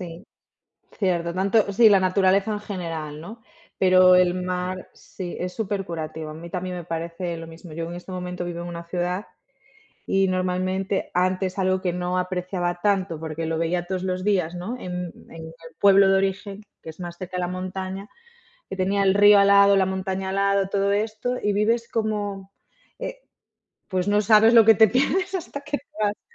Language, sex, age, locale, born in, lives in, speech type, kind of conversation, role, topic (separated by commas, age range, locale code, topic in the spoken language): Spanish, female, 30-34, es-ES, Spain, Portugal, conversation, unstructured, none, ¿Te gusta más el mar o la montaña?